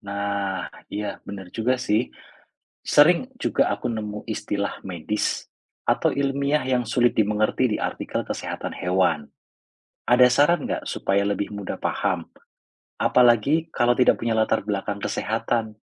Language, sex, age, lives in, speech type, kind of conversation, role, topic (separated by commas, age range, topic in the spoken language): Indonesian, male, 35-39, Indonesia, read, expert-assistant, asker, Bagaimana cara mengetahui apakah informasi kesehatan hewan yang kita temukan benar-benar akurat?